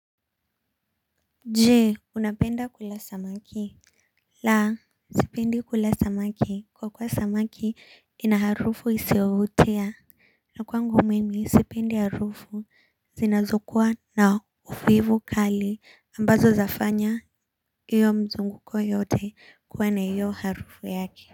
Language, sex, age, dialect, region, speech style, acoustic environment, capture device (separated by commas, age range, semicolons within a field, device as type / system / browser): Swahili, male, 18-24, Kenya - Nairobi Sheng-influenced, Kenya, anxious, silence; nature, mobile / Linux / Chrome